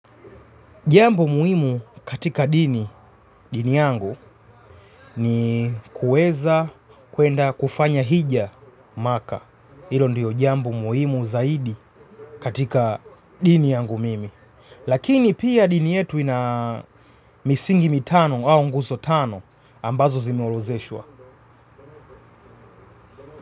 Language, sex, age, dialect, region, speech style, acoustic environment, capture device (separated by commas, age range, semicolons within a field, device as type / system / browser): Swahili, male, 25-34, Tanzania - Dar es Salaam, Tanzania, relaxed, silence, mobile / Linux / Chrome